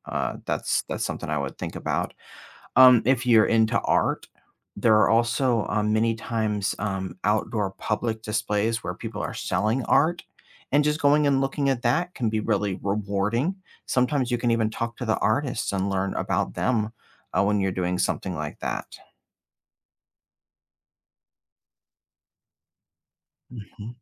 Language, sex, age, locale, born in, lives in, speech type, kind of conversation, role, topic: English, male, 45-49, en-US, United States, United States, conversation, advice, advisor, How can I meet people and explore my new city on a tight budget?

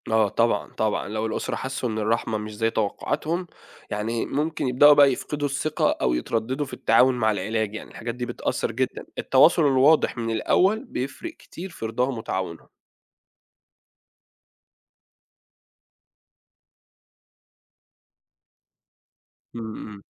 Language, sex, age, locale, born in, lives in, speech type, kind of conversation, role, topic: Arabic, male, 30-34, ar-EG, Saudi Arabia, Egypt, read, expert-assistant, expert, ليه فكرة "الرحمة" في التعامل مع المرضى بتختلف من ثقافة للتانية؟ وازاي المجتمع بيأثر على شكل الرعاية الصحية اللي بياخدها المريض؟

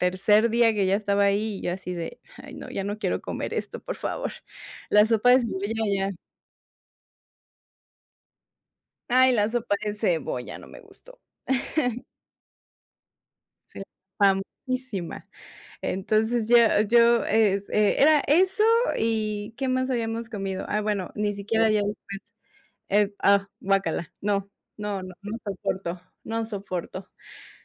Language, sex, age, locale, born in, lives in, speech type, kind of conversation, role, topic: Spanish, female, 30-34, es-MX, Mexico, Mexico, conversation, podcast, guest, ¿Cuál ha sido tu comida callejera favorita durante un viaje?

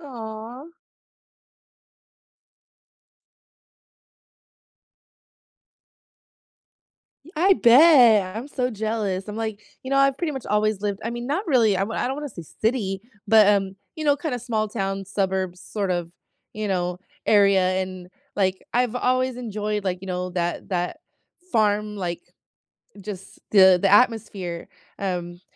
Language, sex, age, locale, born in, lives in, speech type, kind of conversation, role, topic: English, female, 35-39, en-US, United States, United States, conversation, unstructured, none, What are some ways people find comfort and unwind after a busy day?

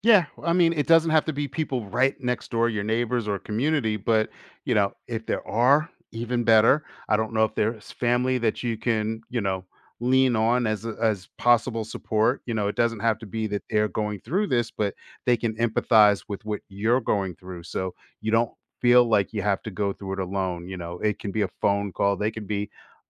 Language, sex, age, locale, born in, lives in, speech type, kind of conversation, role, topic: English, male, 50-54, en-US, United States, United States, conversation, advice, advisor, How should I cope with intense grief?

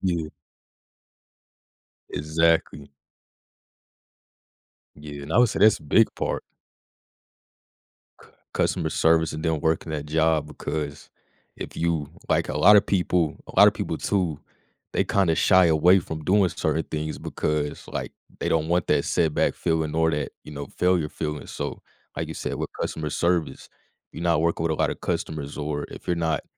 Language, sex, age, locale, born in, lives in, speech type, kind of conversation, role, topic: English, male, 20-24, en-US, United States, United States, conversation, podcast, host, How do you handle setbacks?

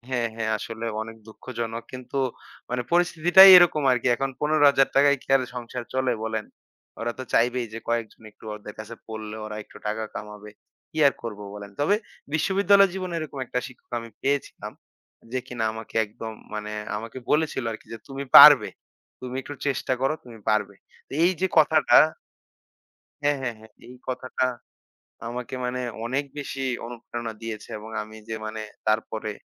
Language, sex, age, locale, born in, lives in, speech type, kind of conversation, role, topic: Bengali, male, 25-29, bn-BD, Bangladesh, Bangladesh, conversation, podcast, guest, একজন ভালো শিক্ষক ব্যর্থতা সামলাতে শিক্ষার্থীদের কীভাবে সাহায্য করেন?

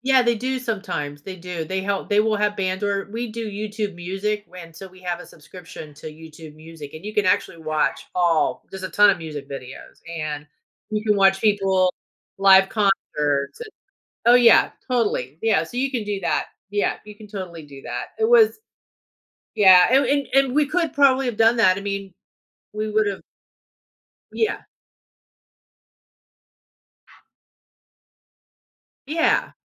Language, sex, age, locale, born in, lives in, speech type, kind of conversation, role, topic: English, female, 55-59, en-US, United States, United States, conversation, advice, user, How can I handle last-minute plans falling through?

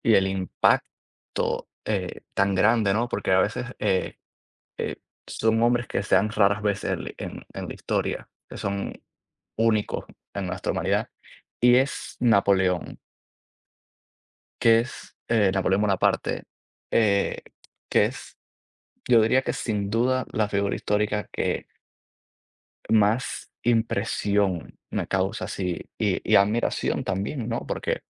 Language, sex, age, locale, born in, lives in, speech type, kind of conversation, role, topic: Spanish, male, 20-24, es-CU, Cuba, United States, conversation, unstructured, none, ¿Qué figura histórica admiras y por qué?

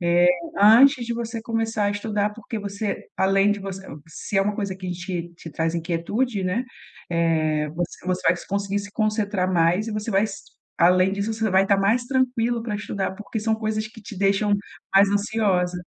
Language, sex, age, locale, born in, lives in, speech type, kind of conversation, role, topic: Portuguese, female, 40-44, pt-BR, Brazil, Portugal, conversation, advice, advisor, Como posso recuperar o foco após interrupções?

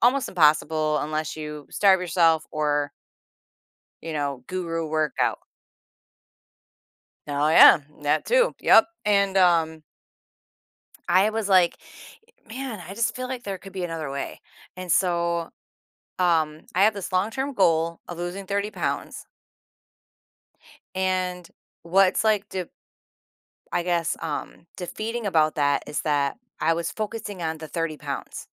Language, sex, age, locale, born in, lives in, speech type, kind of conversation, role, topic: English, female, 50-54, en-US, United States, United States, conversation, advice, user, How can I finish a long-term goal?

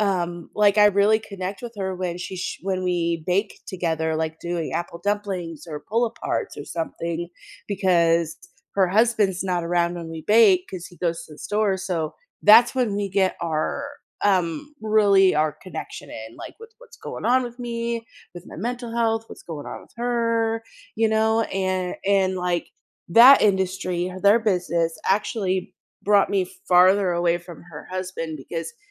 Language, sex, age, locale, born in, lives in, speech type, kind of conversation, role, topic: English, female, 30-34, en-US, United States, United States, conversation, podcast, guest, How does food bring people together?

